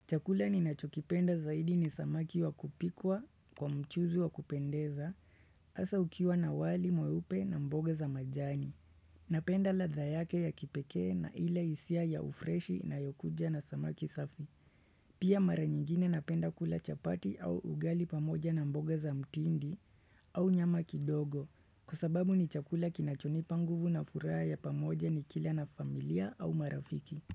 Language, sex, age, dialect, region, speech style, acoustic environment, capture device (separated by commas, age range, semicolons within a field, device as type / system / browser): Swahili, male, 25-34, Kenya - Nairobi Sheng-influenced, Kenya, relaxed; focused, silence; nature, desktop / Windows / Chrome